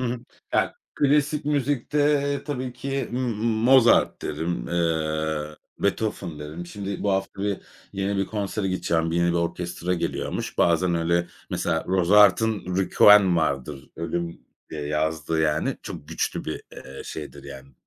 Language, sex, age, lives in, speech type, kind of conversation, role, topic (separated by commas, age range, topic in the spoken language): Turkish, male, 35-39, Spain, conversation, podcast, guest, Günlük rutininde müziğe nasıl yer veriyorsun?